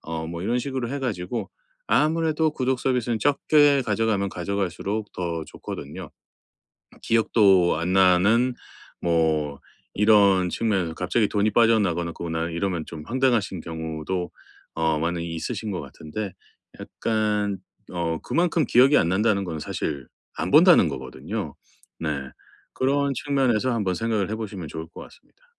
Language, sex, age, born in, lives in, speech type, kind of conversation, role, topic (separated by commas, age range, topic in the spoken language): Korean, male, 45-49, South Korea, United States, conversation, advice, advisor, 디지털 구독을 깔끔하게 줄이는 좋은 방법은 무엇인가요?